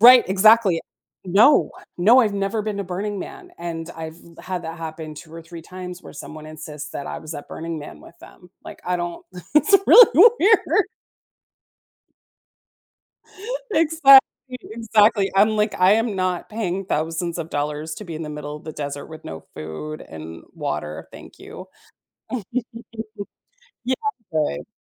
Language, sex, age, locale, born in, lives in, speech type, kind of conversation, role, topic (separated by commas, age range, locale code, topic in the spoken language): English, female, 45-49, en-US, United States, United States, conversation, unstructured, none, How can I stay curious about people I already know?